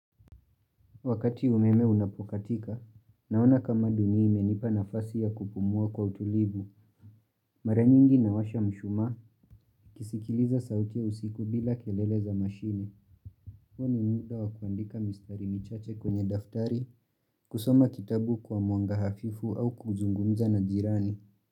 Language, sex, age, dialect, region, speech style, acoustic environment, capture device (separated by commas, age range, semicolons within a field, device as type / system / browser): Swahili, male, 18-24, Kenya - Nairobi Sheng-influenced, Kenya, excited, nature; silence; appliances, mobile / Linux / Chrome